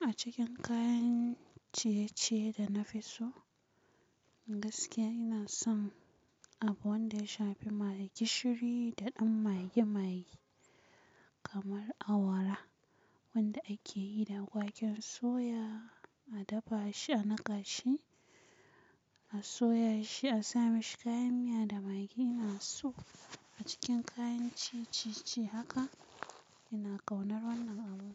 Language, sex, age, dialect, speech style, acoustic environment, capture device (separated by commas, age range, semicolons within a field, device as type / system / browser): Hausa, female, 25-34, Nigeria - Kano, tired, silence, mobile / Linux / Chrome